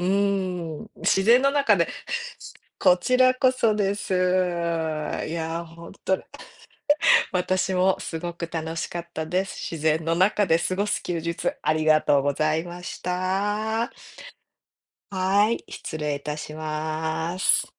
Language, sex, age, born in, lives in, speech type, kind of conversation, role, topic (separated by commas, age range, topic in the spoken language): Japanese, female, 40-44, Japan, United States, conversation, unstructured, none, 自然の中で過ごす休日はどんな感じですか？